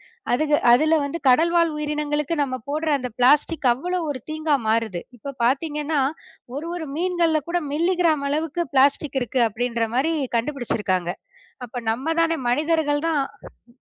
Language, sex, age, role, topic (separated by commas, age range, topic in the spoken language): Tamil, female, 30-34, guest, கடற்கரை வழியாக நடந்த அனுபவம் உங்களுக்கு எப்படி இருந்தது?